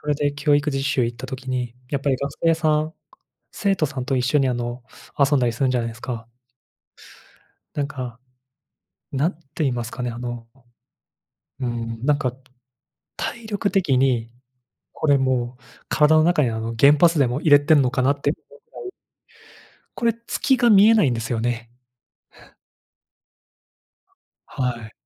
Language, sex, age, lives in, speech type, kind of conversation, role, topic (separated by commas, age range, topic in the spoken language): Japanese, male, 25-29, Japan, conversation, unstructured, none, 運動を始めてから、どんなことが変わりましたか？